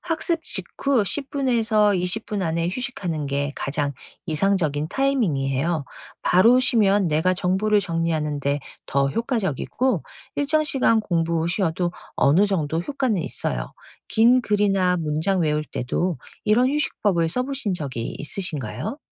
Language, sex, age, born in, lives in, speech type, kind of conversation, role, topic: Korean, female, 50-54, South Korea, United States, read, expert-assistant, expert, 뇌가 기억을 저장하는 방식을 바탕으로 더 효과적으로 외우는 방법에는 어떤 것들이 있을까요?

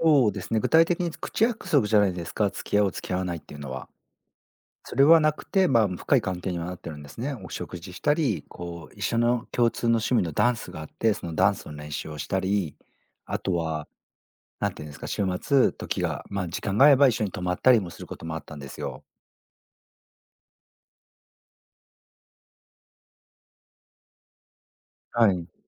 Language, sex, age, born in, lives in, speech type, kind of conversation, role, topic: Japanese, male, 40-44, Japan, Japan, conversation, advice, user, 将来の価値観のずれに悩んでいることについて、どのような状況か教えていただけますか？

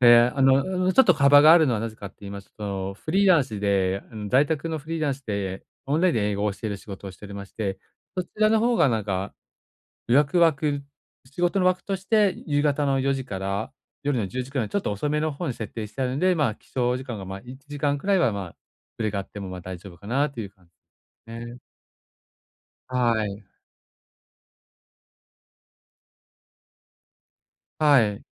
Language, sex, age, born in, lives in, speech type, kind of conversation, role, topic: Japanese, male, 45-49, Japan, Japan, conversation, advice, user, 朝、短時間でスッキリ目覚めるにはどうすればよいですか？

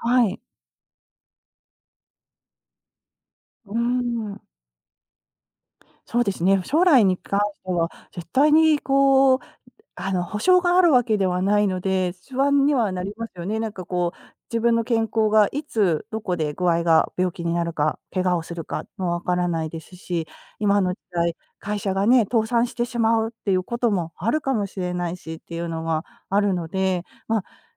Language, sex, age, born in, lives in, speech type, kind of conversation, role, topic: Japanese, female, 50-54, Japan, United States, conversation, advice, advisor, 未来への不安で夜眠れず落ち着かないとき、どうすればよいですか？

